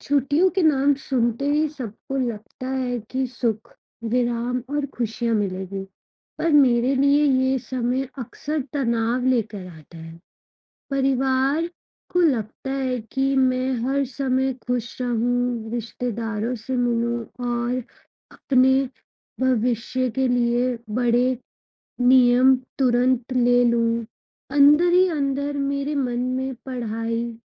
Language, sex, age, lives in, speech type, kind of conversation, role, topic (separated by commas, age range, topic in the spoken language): Hindi, female, 25-29, India, conversation, advice, user, मैं छुट्टियों के दौरान होने वाले तनाव और अपेक्षाओं से कैसे निपटूँ?